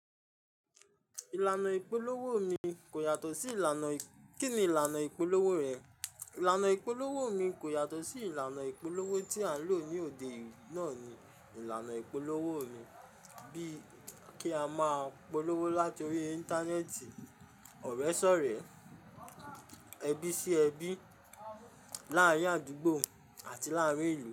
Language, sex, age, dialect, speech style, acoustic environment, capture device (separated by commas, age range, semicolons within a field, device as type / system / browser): Yoruba, male, 25-34, Nigeria - Ijebu, tired, construction, mobile / Linux / Chrome